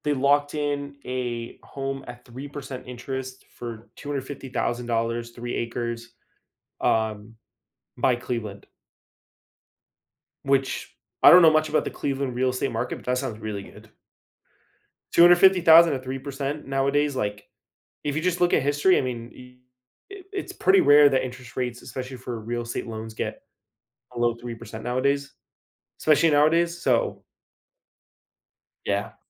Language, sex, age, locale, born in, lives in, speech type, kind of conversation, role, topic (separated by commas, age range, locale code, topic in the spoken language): English, male, 20-24, en-US, United States, United States, conversation, podcast, host, How can reflecting on history help us make better choices today?